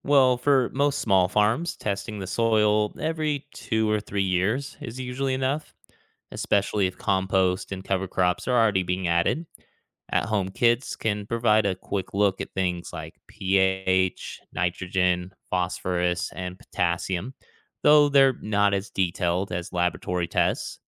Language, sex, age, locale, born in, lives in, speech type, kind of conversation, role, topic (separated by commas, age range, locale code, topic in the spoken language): English, male, 35-39, en-US, United States, United States, read, expert-assistant, expert, What is the most sustainable way to increase crop yields?